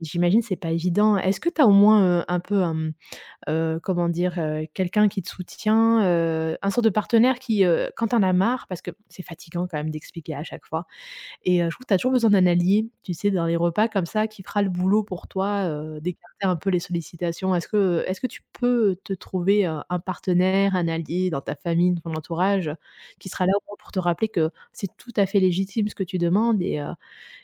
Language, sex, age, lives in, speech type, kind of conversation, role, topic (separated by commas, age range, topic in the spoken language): French, female, 35-39, Germany, conversation, advice, advisor, Comment concilier des restrictions alimentaires personnelles avec des repas de groupe ?